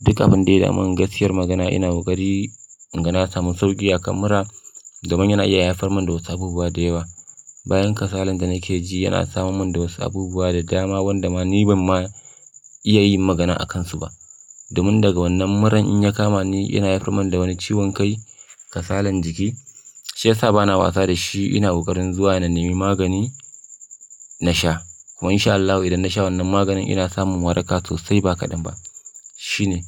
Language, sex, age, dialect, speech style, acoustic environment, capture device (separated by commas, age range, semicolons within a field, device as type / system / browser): Hausa, male, 25-34, Nigeria - Kano, nervous, silence, mobile / Linux / Chrome